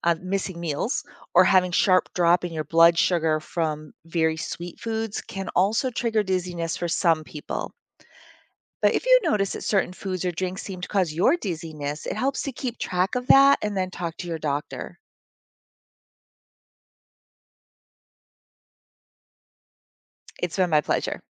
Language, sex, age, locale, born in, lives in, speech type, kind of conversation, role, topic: English, female, 55-59, en-US, United States, United States, read, expert-assistant, expert, Why does spinning cause dizziness?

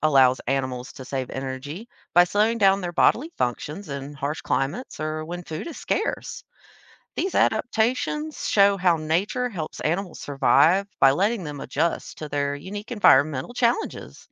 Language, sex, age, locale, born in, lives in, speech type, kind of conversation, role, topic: English, female, 30-34, en-US, United States, United States, read, expert-assistant, expert, How do animals adapt to survive seasonal changes?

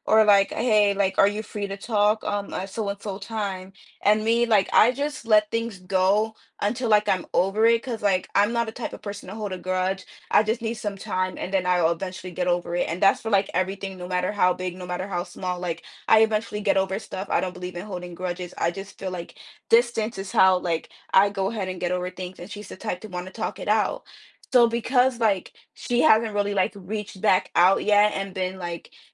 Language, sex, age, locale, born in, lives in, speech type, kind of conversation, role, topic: English, female, 20-24, en-US, United States, United States, conversation, advice, user, How do I talk to my friend when I'm worried I'm letting them down?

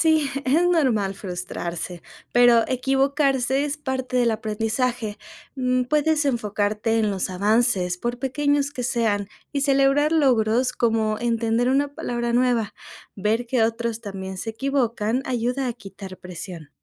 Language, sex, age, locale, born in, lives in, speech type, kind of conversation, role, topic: Spanish, female, 25-29, es-MX, Mexico, Mexico, read, expert-assistant, expert, ¿Qué métodos prácticos ayudan a mejorar nuestra capacidad de adaptarnos a nuevas culturas cuando viajamos o emigramos?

